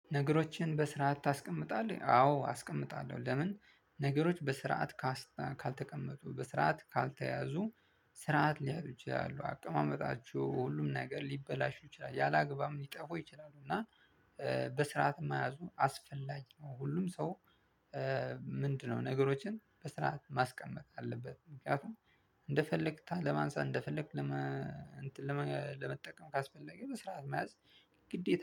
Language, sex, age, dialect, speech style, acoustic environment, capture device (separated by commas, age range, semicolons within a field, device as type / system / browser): Amharic, male, 25-34, Ethiopia - Addis Ababa, excited, silence, mobile / Linux / Chrome